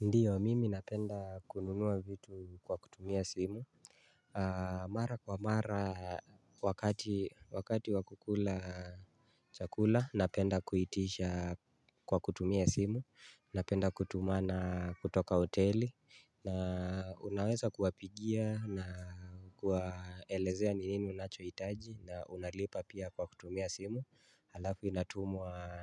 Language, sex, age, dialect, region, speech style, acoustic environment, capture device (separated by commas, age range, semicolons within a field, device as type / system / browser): Swahili, male, 25-34, Kenya - Nairobi Sheng-influenced, Kenya, focused, silence; nature, mobile / Linux / Chrome